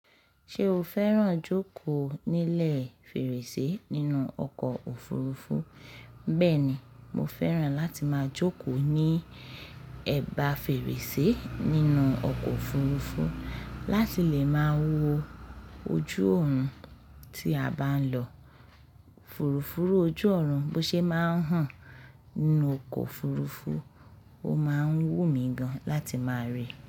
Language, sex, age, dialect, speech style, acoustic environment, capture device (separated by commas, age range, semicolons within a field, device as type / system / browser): Yoruba, female, 25-34, Nigeria - Lagos Yoruba, happy; focused, nature, mobile / Linux / Chrome